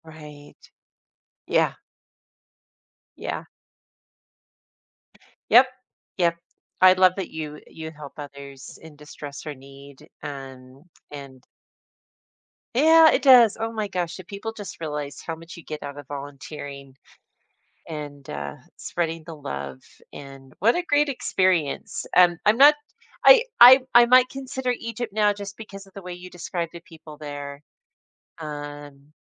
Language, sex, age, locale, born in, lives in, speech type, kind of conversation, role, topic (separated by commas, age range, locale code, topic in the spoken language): English, female, 55-59, en-US, United States, United States, conversation, podcast, host, How has travel changed your perspective or taught you something unexpected about yourself or the world?